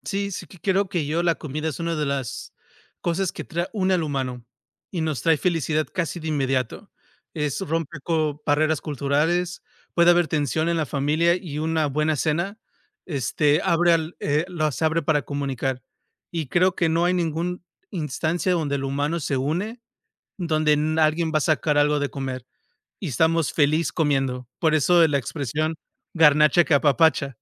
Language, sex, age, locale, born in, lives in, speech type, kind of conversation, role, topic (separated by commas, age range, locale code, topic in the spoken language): Spanish, male, 35-39, es-MX, United States, United States, conversation, unstructured, none, ¿Qué comida te hace sentir más feliz cuando la comes?